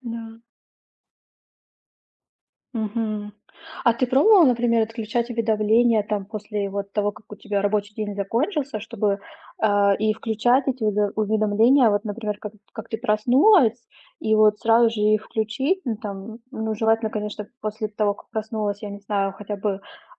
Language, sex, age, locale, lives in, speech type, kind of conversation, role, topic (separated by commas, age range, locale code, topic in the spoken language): Russian, female, 30-34, ru-RU, Germany, conversation, advice, advisor, Как рабочая нагрузка разрушает твои ежедневные перерывы и заботу о себе?